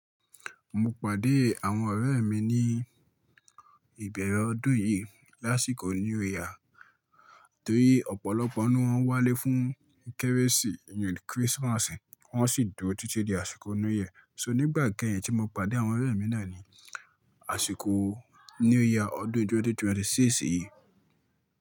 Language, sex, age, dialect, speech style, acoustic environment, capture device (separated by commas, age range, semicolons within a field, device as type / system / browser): Yoruba, male, 25-34, Nigeria - Ibadan, focused; excited; relaxed, silence; nature, mobile / Linux / Chrome